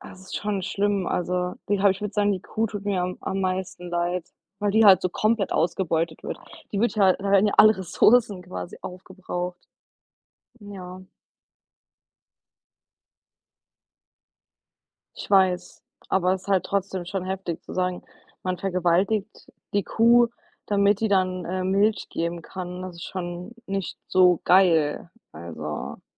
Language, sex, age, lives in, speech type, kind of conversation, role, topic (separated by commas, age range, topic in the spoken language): German, female, 25-29, United States, conversation, unstructured, none, Was empfindest du, wenn es um Massentierhaltung geht?